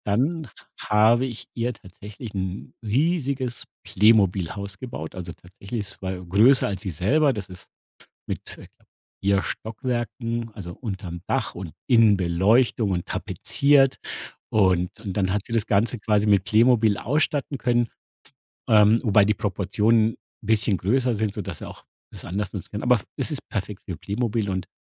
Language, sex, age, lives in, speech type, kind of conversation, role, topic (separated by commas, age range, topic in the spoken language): German, male, 50-54, Germany, conversation, podcast, guest, Welche kleinen Dinge geben deinem Zuhause Charakter?